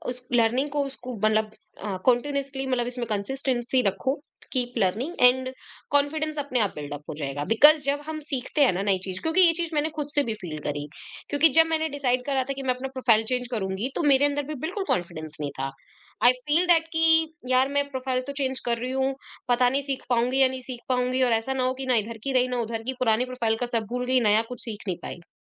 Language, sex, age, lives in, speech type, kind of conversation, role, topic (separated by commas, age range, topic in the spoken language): Hindi, female, 25-29, India, conversation, podcast, guest, Interview mein aap apna career switch seedhe shabdon mein kaise samjhaate hain?